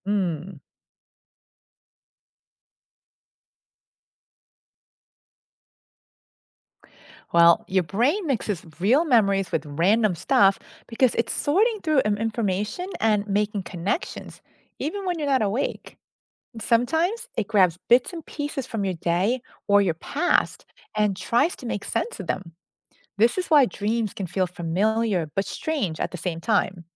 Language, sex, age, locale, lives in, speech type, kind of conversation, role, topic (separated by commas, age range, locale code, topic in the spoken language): English, female, 50-54, en-US, United States, read, expert-assistant, expert, What causes us to dream and remember certain dreams?